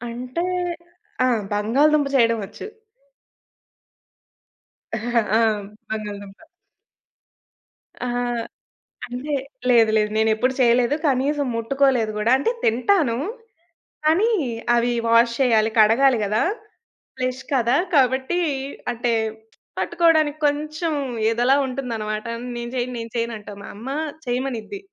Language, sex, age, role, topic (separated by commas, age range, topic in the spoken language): Telugu, female, 20-24, guest, స్థానిక వంటకాన్ని మొదటిసారిగా రుచి చూసినప్పుడు మీ అనుభవం ఎలా ఉండింది?